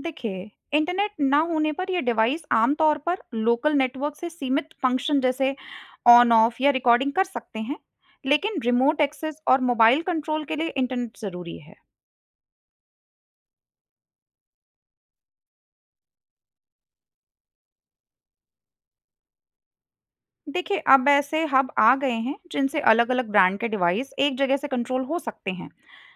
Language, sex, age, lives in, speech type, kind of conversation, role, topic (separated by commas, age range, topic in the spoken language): Hindi, female, 25-29, India, read, expert-assistant, expert, घर को स्मार्ट होम में बदलने के लाभ और संभावित चुनौतियाँ क्या हो सकती हैं?